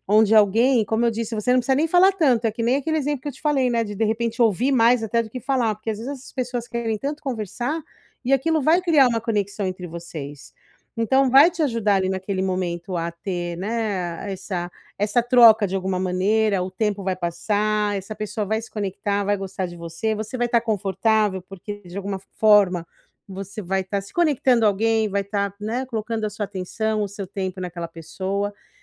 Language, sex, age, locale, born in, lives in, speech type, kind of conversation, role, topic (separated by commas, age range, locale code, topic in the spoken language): Portuguese, female, 40-44, pt-BR, Brazil, United States, conversation, advice, advisor, Como posso me sentir mais à vontade em festas e comemorações?